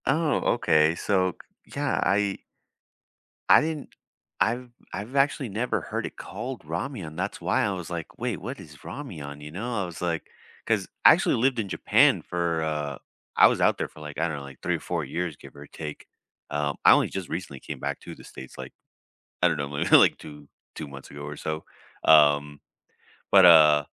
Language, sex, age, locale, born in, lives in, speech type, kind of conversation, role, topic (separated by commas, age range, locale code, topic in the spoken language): English, male, 30-34, en-US, United States, United States, conversation, unstructured, none, What snacks, cozy setup ideas, and small rituals make your perfect movie night feel special and easy to share?